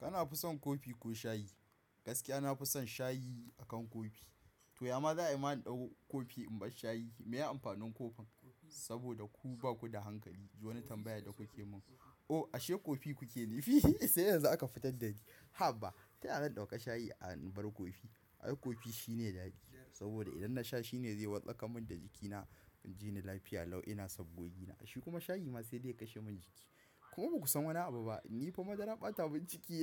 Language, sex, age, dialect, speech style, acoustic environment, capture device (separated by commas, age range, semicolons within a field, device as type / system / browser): Hausa, male, 18-24, Nigeria - Kano, focused, appliances, mobile / macOS / Safari